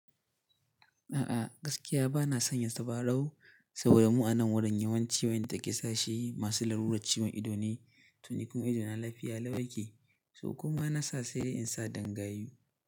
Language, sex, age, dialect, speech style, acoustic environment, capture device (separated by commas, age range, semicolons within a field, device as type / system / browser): Hausa, male, 18-24, Nigeria - Katsina, happy, nature; silence, mobile / Linux / Chrome